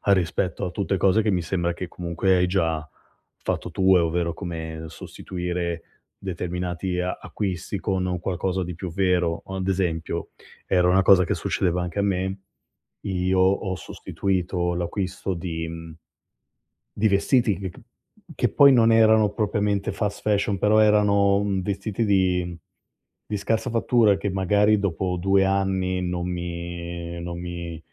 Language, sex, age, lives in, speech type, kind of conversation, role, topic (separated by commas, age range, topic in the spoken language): Italian, male, 35-39, Italy, conversation, advice, advisor, Come posso evitare di fare spese per via della pressione sociale e del consumismo?